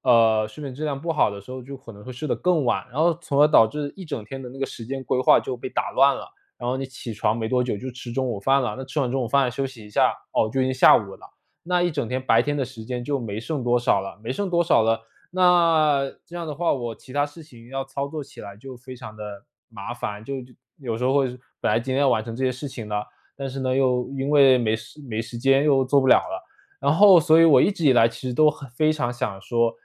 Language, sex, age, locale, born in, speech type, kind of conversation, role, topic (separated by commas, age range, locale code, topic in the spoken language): Chinese, male, 25-29, zh-CN, China, conversation, advice, user, 如何才能打破旧习惯的循环并真正改掉它？